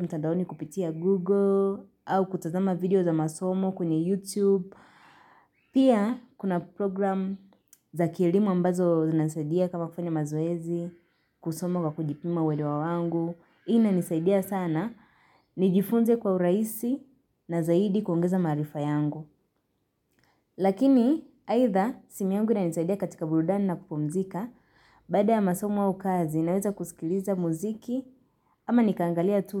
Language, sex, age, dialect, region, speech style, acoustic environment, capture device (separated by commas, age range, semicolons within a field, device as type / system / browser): Swahili, female, 18-24, Kenya - Nairobi Sheng-influenced, Kenya, happy; relaxed, silence; nature, mobile / Linux / Chrome